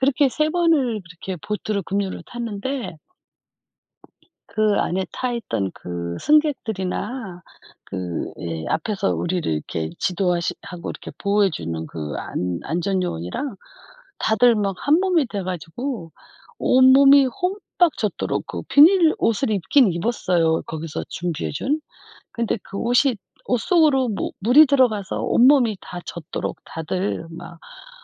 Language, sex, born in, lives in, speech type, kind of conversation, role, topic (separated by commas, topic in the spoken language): Korean, female, South Korea, South Korea, conversation, unstructured, none, 여행 중에 겪었던 가장 흥미로운 일은 무엇이었나요?